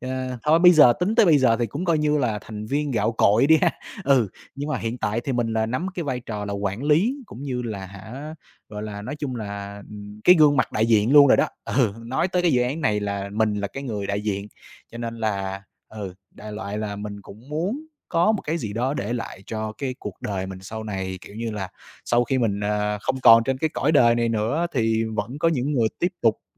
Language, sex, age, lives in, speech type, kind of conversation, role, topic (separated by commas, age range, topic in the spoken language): Vietnamese, male, 25-29, Vietnam, conversation, podcast, guest, Bạn muốn để lại điều gì cho cuộc đời, nếu có thể?